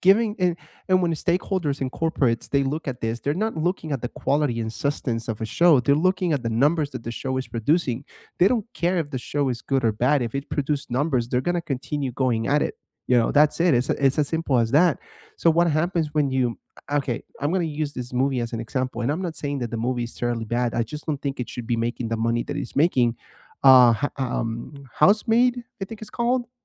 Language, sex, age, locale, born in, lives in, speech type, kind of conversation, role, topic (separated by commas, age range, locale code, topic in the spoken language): English, male, 30-34, en-US, United States, United States, conversation, unstructured, none, What is your go-to comfort movie or TV show, and why?